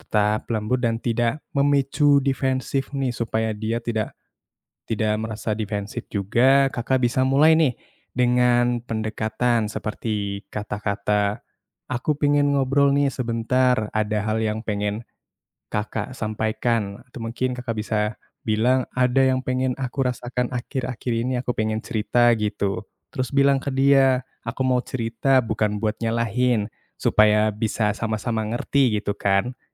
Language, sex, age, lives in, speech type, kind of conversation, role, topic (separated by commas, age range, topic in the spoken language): Indonesian, male, 20-24, Indonesia, conversation, advice, advisor, Mengapa saya merasa cemburu ketika sahabat dekat saya punya lingkaran pertemanan baru?